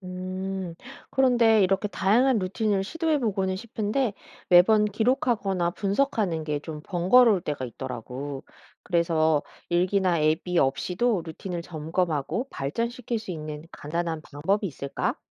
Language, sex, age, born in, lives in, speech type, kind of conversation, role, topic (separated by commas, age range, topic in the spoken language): Korean, female, 40-44, South Korea, United States, read, expert-assistant, asker, 유명 예술가들의 일상 습관에서 배울 수 있는 생산성 팁이 있을까요? (Are there productivity tips we can learn from the daily routines of famous artists in art history?)